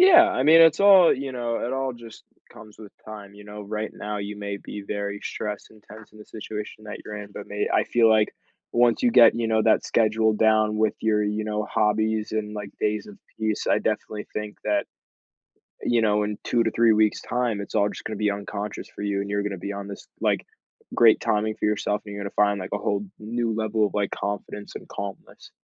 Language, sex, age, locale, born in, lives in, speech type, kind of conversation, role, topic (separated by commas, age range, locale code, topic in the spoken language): English, male, 18-19, en-US, United States, United States, conversation, advice, advisor, How can I stay calm and think clearly during tense situations?